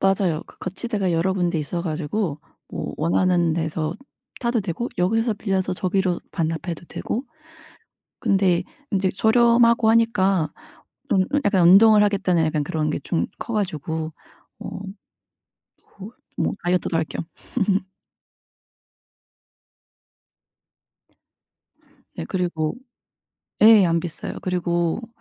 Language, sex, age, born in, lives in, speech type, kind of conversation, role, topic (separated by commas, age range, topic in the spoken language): Korean, female, 30-34, South Korea, United States, conversation, unstructured, none, 돈을 아끼면서도 행복하게 지낼 수 있는 방법은 무엇인가요?